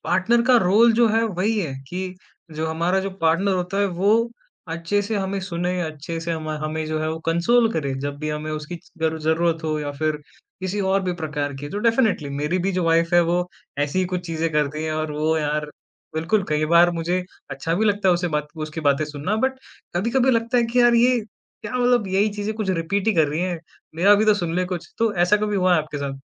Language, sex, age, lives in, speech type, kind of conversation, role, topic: Hindi, male, 20-24, India, conversation, podcast, host, Burnout hone par recovery ke liye tum kya karte ho?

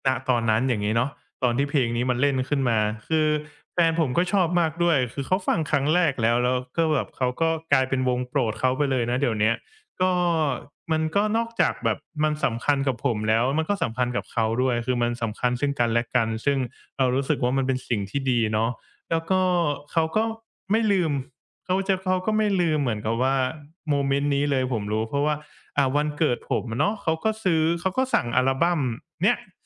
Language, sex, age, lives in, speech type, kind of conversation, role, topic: Thai, male, 25-29, Thailand, conversation, podcast, guest, เพลงไหนที่ทำให้คุณนึกถึงคนสำคัญของคุณ และเพราะอะไร?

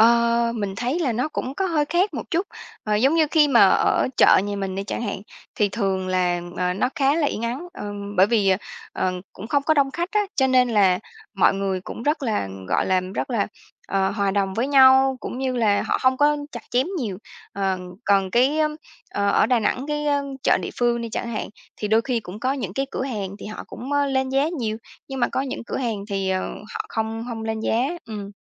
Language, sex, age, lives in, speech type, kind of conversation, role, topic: Vietnamese, female, 30-34, Vietnam, conversation, podcast, guest, Bạn có thể kể về lần gặp gỡ người địa phương khiến bạn ấn tượng nhất không?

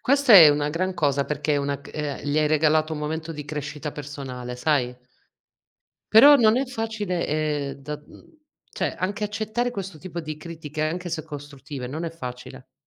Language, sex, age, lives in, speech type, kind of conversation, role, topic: Italian, female, 40-44, Italy, conversation, unstructured, none, Hai mai fatto pace con qualcuno dopo una discussione accesa?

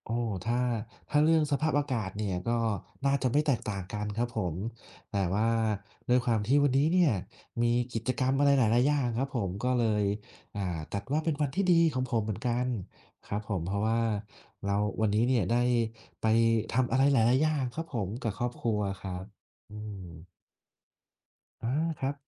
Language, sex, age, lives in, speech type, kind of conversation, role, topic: Thai, male, 30-34, Thailand, conversation, unstructured, none, คุณเคยทำงานอดิเรกร่วมกับเพื่อนหรือครอบครัวไหม และสนุกไหม?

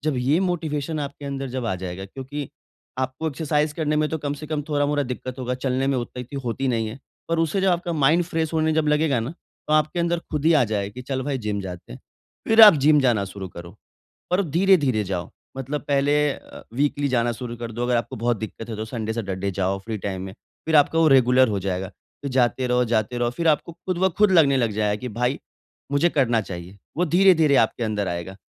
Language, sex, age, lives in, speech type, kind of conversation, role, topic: Hindi, male, 25-29, India, conversation, advice, advisor, मैं हर दिन नियमित रूप से व्यायाम करने की आदत कैसे बना सकता/सकती हूँ?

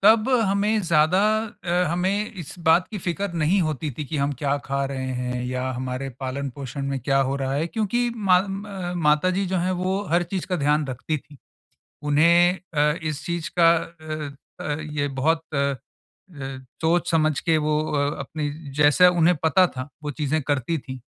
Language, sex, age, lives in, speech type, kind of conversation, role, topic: Hindi, male, 45-49, India, conversation, advice, user, हम पालन-पोषण से जुड़े फैसलों पर माता-पिता के बीच संवाद कैसे बेहतर बना सकते हैं?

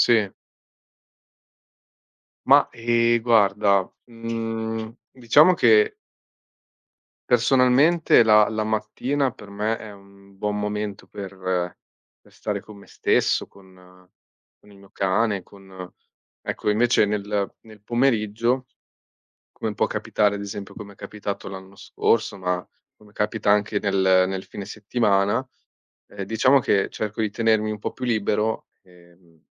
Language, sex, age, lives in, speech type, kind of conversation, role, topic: Italian, male, 25-29, Italy, conversation, unstructured, none, Come ti piace passare il tempo con i tuoi amici?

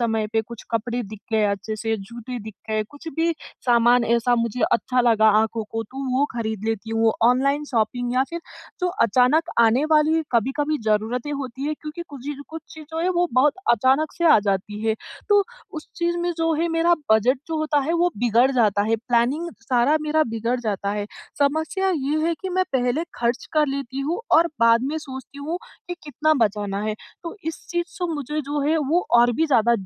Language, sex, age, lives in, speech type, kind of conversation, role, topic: Hindi, female, 20-24, India, conversation, advice, user, नए शहर में रहते हुए मैं अपना बजट कैसे संभालूं और खर्चों पर नियंत्रण कैसे रखूं?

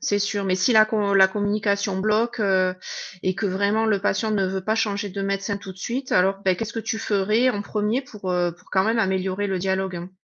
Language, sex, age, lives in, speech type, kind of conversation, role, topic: French, female, 40-44, United States, read, expert-assistant, asker, Comment gérer les malentendus entre médecins et patients lors des consultations ?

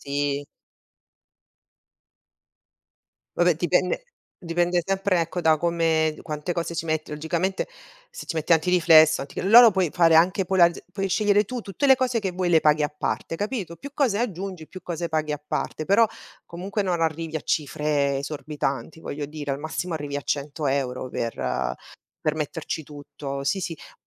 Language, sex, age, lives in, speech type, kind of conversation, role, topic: Italian, female, 45-49, Italy, conversation, unstructured, none, Qual è la spesa più importante per te?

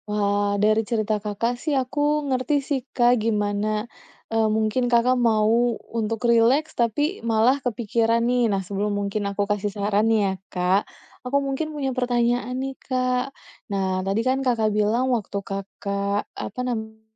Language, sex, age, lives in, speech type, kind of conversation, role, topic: Indonesian, female, 30-34, Indonesia, conversation, advice, advisor, Mengapa saya sulit rileks di rumah dan susah menikmati film, musik, atau membaca buku?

